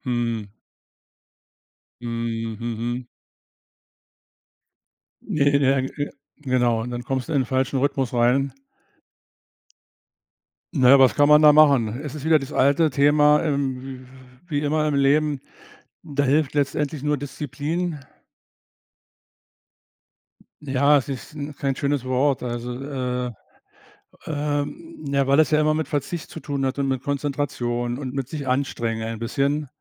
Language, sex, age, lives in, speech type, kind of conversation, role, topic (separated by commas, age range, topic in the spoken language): German, male, 65-69, Germany, conversation, advice, advisor, Warum wechselt mein Schlafrhythmus ständig und warum bin ich morgens so müde?